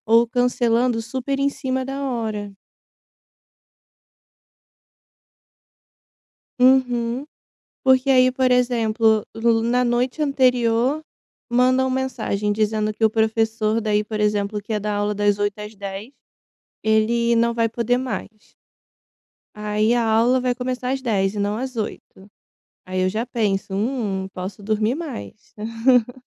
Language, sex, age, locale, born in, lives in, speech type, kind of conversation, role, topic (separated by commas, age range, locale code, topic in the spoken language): Portuguese, female, 25-29, pt-BR, Brazil, Italy, conversation, advice, user, Como posso manter um horário regular para dormir e acordar?